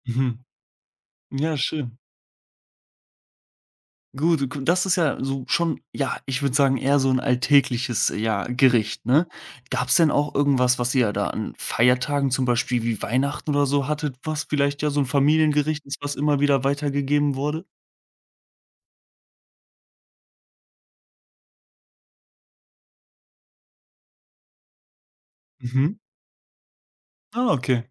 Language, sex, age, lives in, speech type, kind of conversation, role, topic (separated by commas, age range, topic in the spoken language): German, male, 20-24, Germany, conversation, podcast, host, Erzähl mal von einem Gericht, das in deiner Familie weitergegeben wird